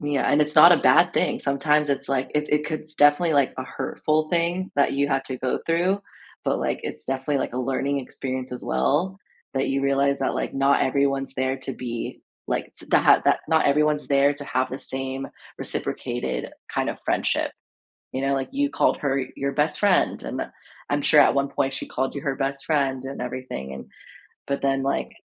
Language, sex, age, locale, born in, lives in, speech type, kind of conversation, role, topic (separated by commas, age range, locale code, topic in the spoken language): English, female, 30-34, en-US, United States, United States, conversation, advice, advisor, How do I resolve a misunderstanding with my close friend?